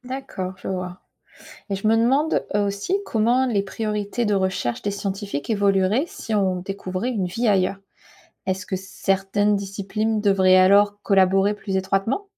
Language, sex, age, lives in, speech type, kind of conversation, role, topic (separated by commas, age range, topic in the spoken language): French, female, 35-39, United States, read, expert-assistant, asker, Découvrir une vie extraterrestre changerait-il notre vision du monde ?